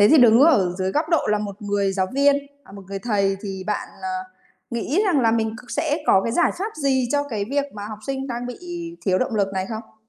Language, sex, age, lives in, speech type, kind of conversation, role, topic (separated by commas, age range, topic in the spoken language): Vietnamese, female, 30-34, Vietnam, conversation, podcast, host, Bạn có bí quyết nào để duy trì động lực học tập lâu dài không?